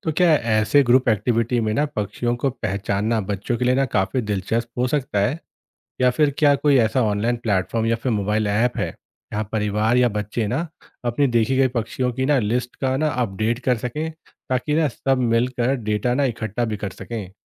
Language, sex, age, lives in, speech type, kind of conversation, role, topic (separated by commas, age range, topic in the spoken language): Hindi, male, 30-34, India, read, expert-assistant, asker, क्या परिवार छोटे प्रयासों से पक्षियों और वन्यजीवों की मदद कर सकते हैं?